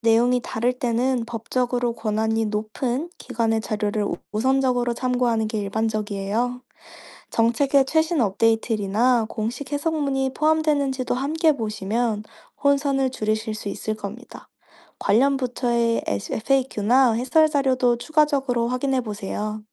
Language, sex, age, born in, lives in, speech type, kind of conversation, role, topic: Korean, female, 25-29, South Korea, France, read, expert-assistant, expert, 의료행정에서 신뢰할 수 있는 정보를 고를 때 어떤 기준을 사용하면 좋을까요?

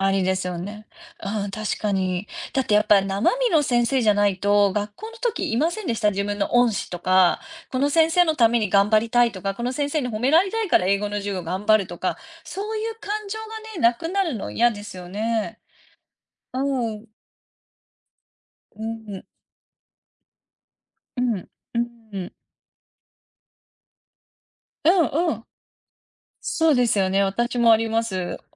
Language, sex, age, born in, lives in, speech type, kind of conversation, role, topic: Japanese, female, 40-44, Japan, United States, conversation, unstructured, none, テクノロジーは教育をどのように変えると思いますか？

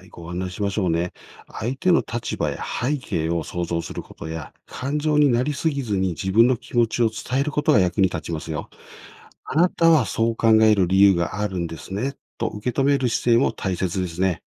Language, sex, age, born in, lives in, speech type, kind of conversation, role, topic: Japanese, male, 55-59, Japan, Japan, read, expert-assistant, expert, 自分が育った場所の風景は、考え方や価値観にどんな影響を与えていると思いますか？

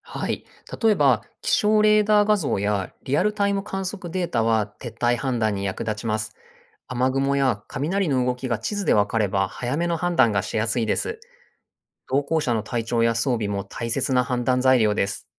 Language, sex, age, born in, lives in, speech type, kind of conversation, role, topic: Japanese, male, 40-44, Japan, Japan, read, expert-assistant, expert, 天気予報の中で、どの情報が一番信頼できると思いますか？その理由も教えてください。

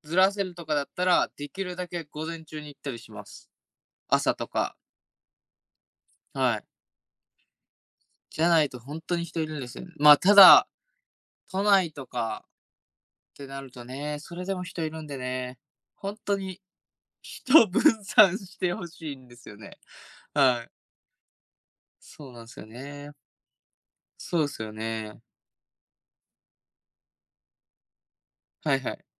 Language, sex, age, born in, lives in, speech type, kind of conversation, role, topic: Japanese, male, 20-24, Japan, Japan, conversation, unstructured, none, ジム通いが続かないのはなぜですか？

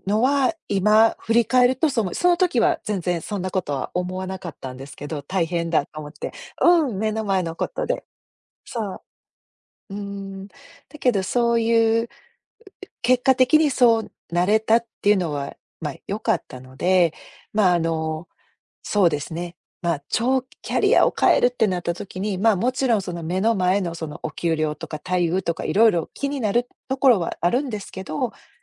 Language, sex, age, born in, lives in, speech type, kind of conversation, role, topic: Japanese, female, 50-54, Japan, United States, conversation, podcast, guest, キャリアを変えるとき、何を考えますか？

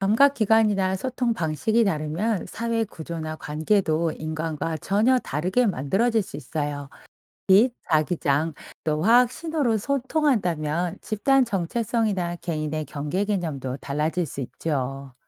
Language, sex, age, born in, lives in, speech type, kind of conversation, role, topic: Korean, female, 45-49, South Korea, France, read, expert-assistant, expert, 지구 말고 다른 행성에서 삶이란 어떤 의미일까요?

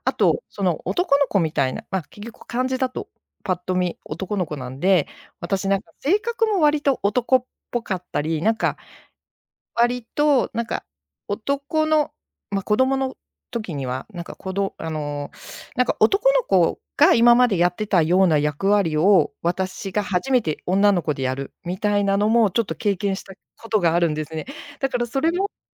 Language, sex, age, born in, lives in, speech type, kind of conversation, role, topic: Japanese, female, 55-59, Japan, Japan, conversation, podcast, guest, 自分の名前の由来を知っていますか？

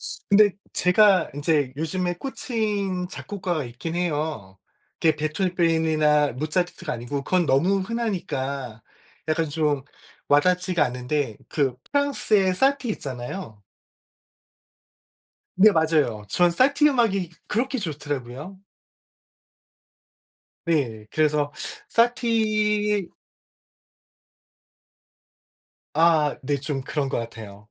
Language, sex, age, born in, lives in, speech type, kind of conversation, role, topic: Korean, male, 45-49, South Korea, United States, conversation, unstructured, none, 콘서트나 공연에 가 본 적이 있으신가요? 어땠나요?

